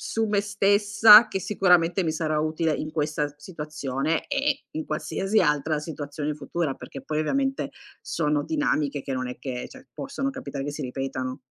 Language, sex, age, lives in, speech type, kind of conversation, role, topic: Italian, female, 45-49, Italy, conversation, advice, user, Come posso parlare con più sicurezza durante le riunioni di lavoro o di gruppo?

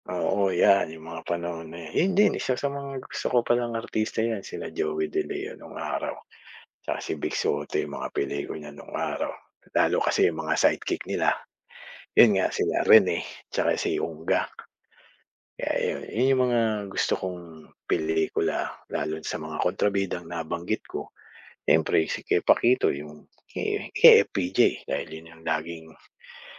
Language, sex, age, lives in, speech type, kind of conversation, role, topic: Filipino, male, 45-49, Philippines, conversation, unstructured, none, Sino ang paborito mong artistang Pilipino, at bakit?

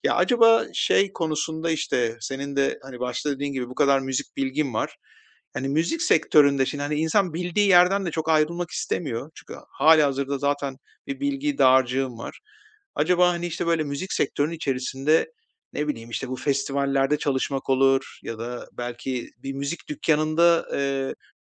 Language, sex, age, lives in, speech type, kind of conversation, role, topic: Turkish, male, 45-49, Spain, conversation, advice, user, Yeni bir kariyere geçmenin riskini ve güvenli tercihleri nasıl dengelerim?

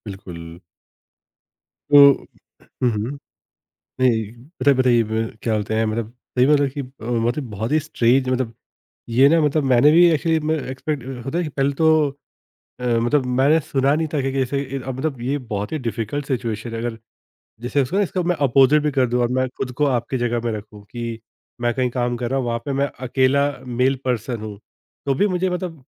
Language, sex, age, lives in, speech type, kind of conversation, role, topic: Hindi, male, 30-34, India, conversation, podcast, host, Batao ek aisa pal kaunsa tha jab tumne haalaat ko jaisa hai waisa hi sweekaar kiya, aur tumhe kaisa laga?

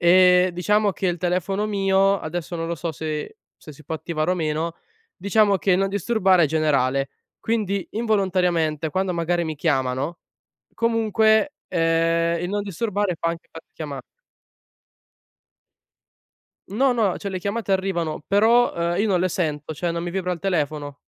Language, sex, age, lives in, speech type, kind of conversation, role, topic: Italian, male, 20-24, Italy, conversation, podcast, guest, Come regoli le notifiche di WhatsApp e di app simili?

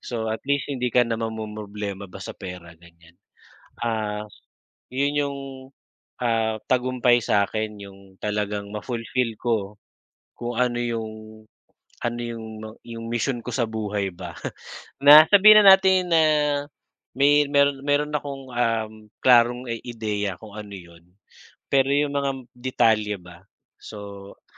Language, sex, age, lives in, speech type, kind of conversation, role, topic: Filipino, male, 40-44, Philippines, conversation, unstructured, none, Ano ang ibig sabihin ng tagumpay para sa’yo?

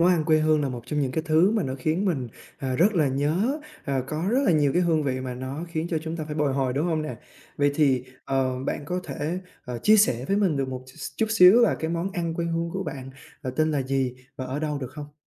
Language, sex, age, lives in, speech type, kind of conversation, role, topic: Vietnamese, male, 20-24, Vietnam, conversation, podcast, host, Món ăn quê hương nào bạn mê nhất?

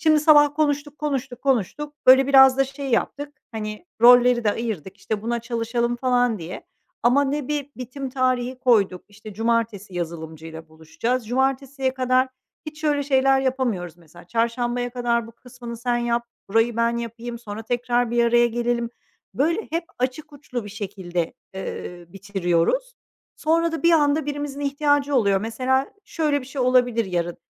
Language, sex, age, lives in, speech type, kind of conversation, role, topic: Turkish, female, 45-49, Netherlands, conversation, advice, user, Çok sayıda toplantı yüzünden üretkenliğiniz nasıl etkileniyor?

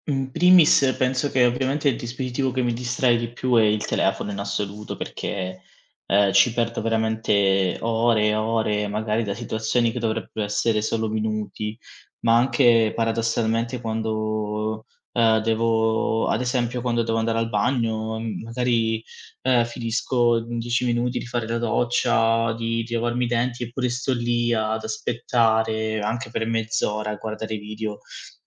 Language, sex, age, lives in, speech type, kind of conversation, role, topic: Italian, male, 25-29, Italy, conversation, advice, user, Come hai vissuto le giornate perse a causa delle distrazioni digitali?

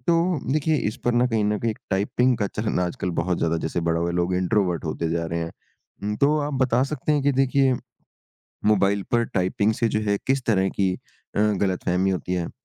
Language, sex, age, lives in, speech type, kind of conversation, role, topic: Hindi, male, 55-59, India, conversation, podcast, host, मोबाइल पर टाइपिंग से किस तरह गलतफहमी होती है?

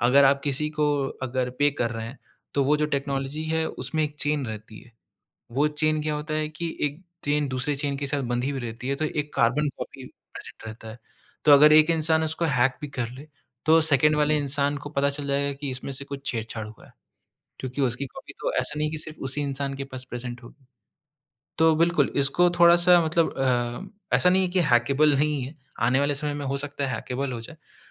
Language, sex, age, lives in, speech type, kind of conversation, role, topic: Hindi, male, 25-29, India, conversation, podcast, guest, Digital payments aur cashless life ka agla step kya hoga, aap batao?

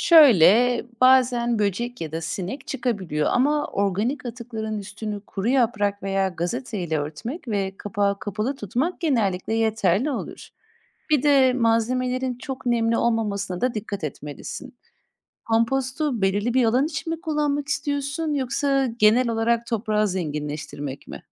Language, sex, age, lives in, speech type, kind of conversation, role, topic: Turkish, female, 30-34, Netherlands, read, expert-assistant, expert, Şehirlerde sürdürülebilir tarım uygulamalarını artırmak için hangi yaratıcı yolları deneyebiliriz?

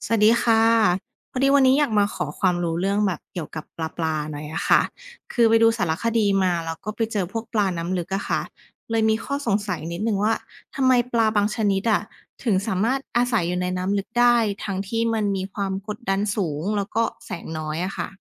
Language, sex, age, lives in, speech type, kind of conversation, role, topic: Thai, female, 30-34, Thailand, read, expert-assistant, asker, เพราะอะไรปลาบางชนิดจึงอาศัยอยู่ได้ในน้ำลึก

